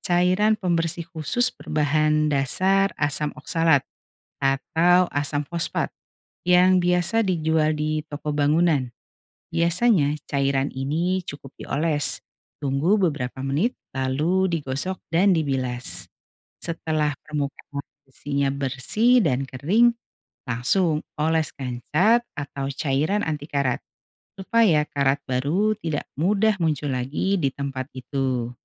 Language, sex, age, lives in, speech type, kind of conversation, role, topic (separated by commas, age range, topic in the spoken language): Indonesian, female, 45-49, Indonesia, read, expert-assistant, expert, Bagaimana proses terjadinya korosi pada besi?